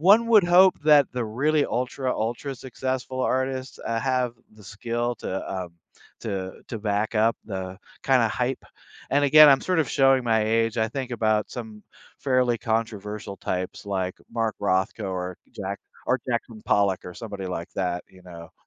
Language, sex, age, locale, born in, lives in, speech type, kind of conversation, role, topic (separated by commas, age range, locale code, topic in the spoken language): English, male, 60-64, en-US, United States, United States, conversation, podcast, guest, How do different factors influence what we find memorable or powerful in a work of art?